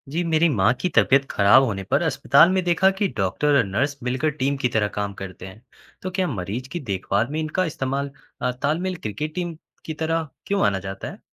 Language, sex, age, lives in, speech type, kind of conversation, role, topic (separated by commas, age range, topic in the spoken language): Hindi, male, 20-24, India, read, expert-assistant, asker, क्या आप बता सकते हैं कि रोगी की देखभाल में डॉक्टर और नर्स का तालमेल किसी क्रिकेट टीम की तरह कैसे काम करता है?